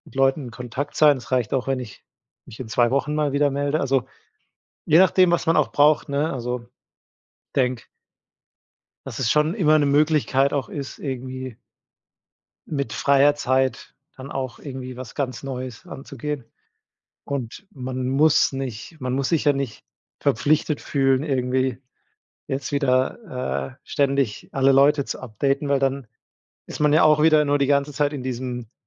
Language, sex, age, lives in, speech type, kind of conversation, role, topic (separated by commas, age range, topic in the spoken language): German, male, 30-34, Germany, conversation, podcast, guest, Wie findest du heraus, was dir wirklich wichtig ist?